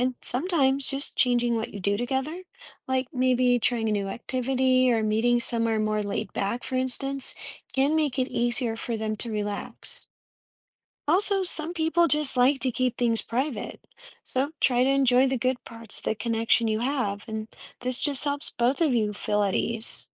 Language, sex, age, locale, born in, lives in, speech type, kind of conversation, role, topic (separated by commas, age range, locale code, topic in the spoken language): English, female, 35-39, en-US, United States, United States, read, expert-assistant, expert, How can you build trust with new people you meet?